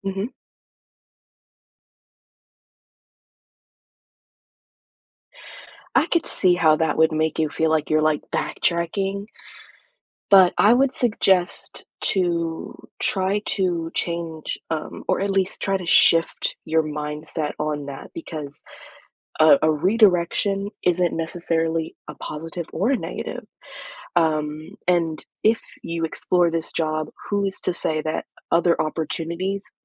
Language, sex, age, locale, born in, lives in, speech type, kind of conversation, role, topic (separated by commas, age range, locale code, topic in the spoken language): English, female, 25-29, en-US, United States, United States, conversation, advice, advisor, How do I decide whether to stay in my current job or switch careers to find more long-term satisfaction and security?